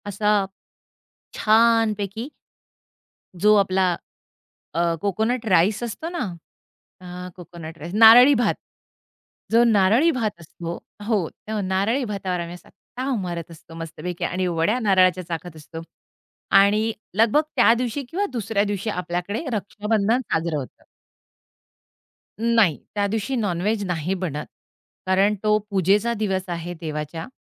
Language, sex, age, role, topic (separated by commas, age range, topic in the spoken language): Marathi, female, 45-49, guest, तुमच्या परिसरात स्थानिक उत्सवांचा अनुभव कसा असतो?